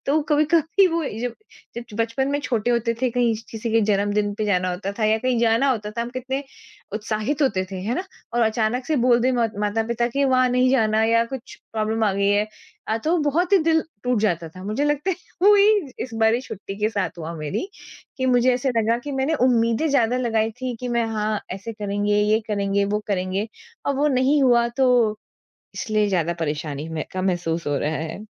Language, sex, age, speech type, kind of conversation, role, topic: Hindi, female, 40-44, conversation, advice, user, छुट्टी के बाद भी मुझे तरोताज़ा क्यों नहीं महसूस होता है?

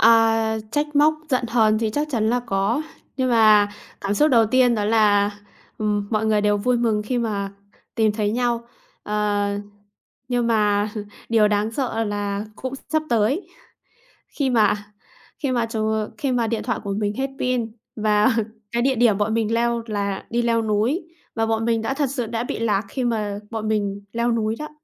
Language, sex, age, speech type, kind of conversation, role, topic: Vietnamese, female, 25-29, conversation, podcast, guest, Bạn có thể kể về một lần bạn bị lạc khi đi du lịch không?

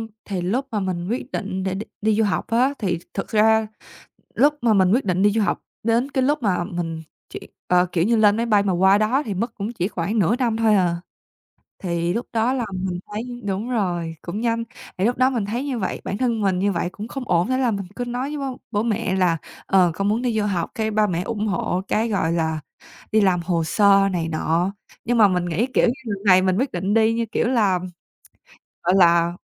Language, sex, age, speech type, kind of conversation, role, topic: Vietnamese, female, 20-24, conversation, podcast, guest, Bạn có thể kể về một lần thay đổi lớn trong cuộc đời mình không?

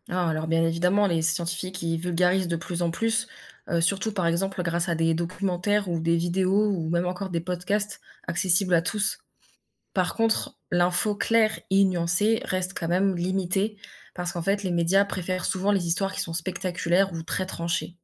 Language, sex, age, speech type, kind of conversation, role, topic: French, female, 20-24, read, expert-assistant, expert, Pourquoi entend-on autant d’avis différents sur l’efficacité des programmes de préservation des animaux sauvages ?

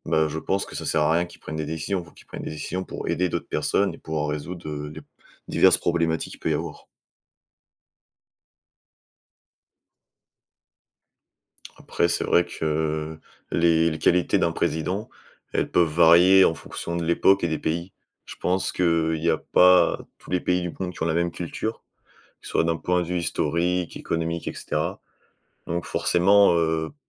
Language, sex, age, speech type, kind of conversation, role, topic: French, male, 20-24, conversation, unstructured, none, Quelles qualités sont importantes pour un bon dirigeant politique ?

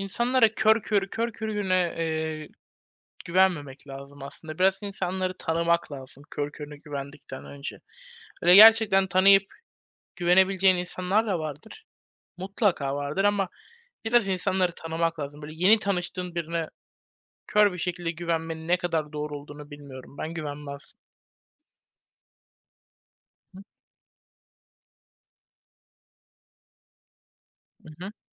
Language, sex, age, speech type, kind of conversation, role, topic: Turkish, male, 20-24, conversation, unstructured, none, Geçmişte sana yapılan bir haksızlık yüzünden hâlâ kızgın mısın?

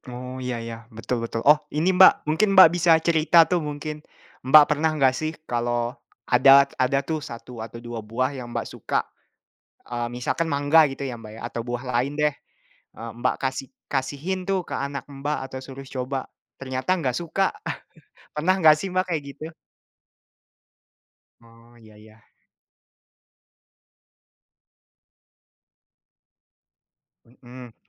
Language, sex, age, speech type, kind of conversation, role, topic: Indonesian, male, 20-24, conversation, unstructured, none, Bagaimana cara menumbuhkan kebiasaan hidup sehat pada anak-anak?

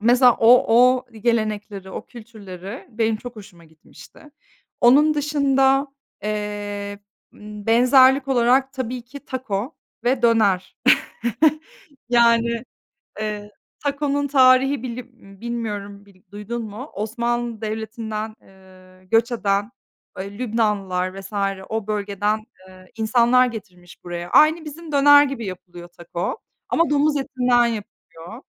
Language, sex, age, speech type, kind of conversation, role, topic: Turkish, female, 30-34, conversation, unstructured, none, Gezdiğin ülkelerde seni şaşırtan geleneklerle karşılaştın mı?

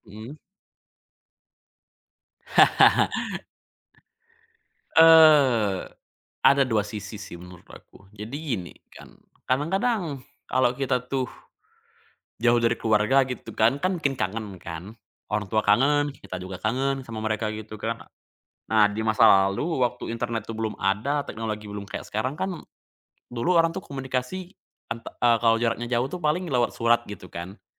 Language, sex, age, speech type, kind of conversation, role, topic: Indonesian, male, 20-24, conversation, podcast, guest, Bagaimana cara menjaga komunikasi yang baik antaranggota keluarga?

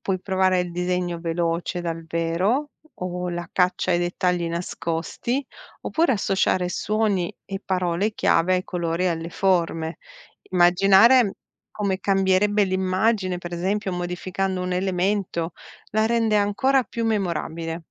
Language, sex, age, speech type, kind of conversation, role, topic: Italian, female, 45-49, read, expert-assistant, expert, Perché certe immagini restano impresse nella nostra memoria più di altre?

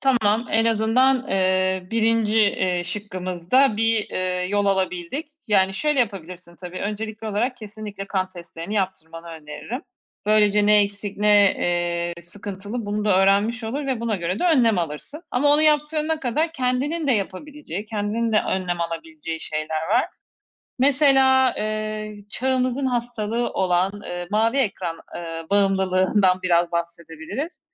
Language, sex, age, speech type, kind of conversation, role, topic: Turkish, female, 40-44, conversation, advice, advisor, Uyku düzeninizi sürdüremeyip sürekli geç yatmanıza neler sebep oluyor?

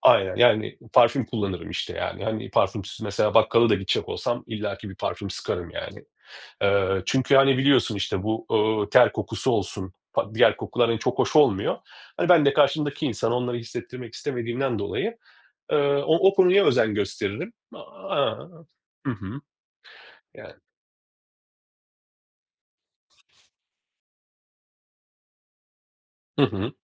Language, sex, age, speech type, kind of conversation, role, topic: Turkish, male, 40-44, conversation, podcast, guest, Minimalist misin, yoksa daha gösterişli giyinmeyi mi seversin?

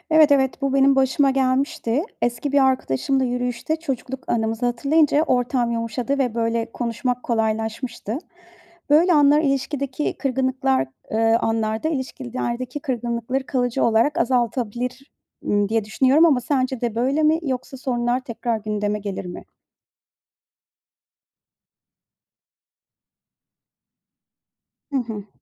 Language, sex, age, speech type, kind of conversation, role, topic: Turkish, female, 40-44, read, expert-assistant, asker, Samimi arkadaş nedir? Gerçek dostluğu sıradan arkadaşlıktan ayıran özellikler nelerdir?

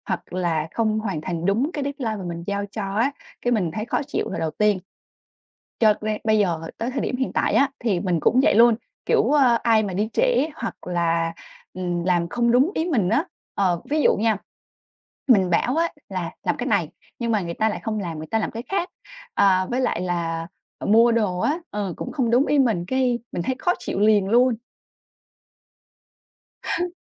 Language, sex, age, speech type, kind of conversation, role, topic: Vietnamese, female, 25-29, conversation, advice, user, Làm sao để giữ bình tĩnh khi nghe tin tức về tình hình thế giới?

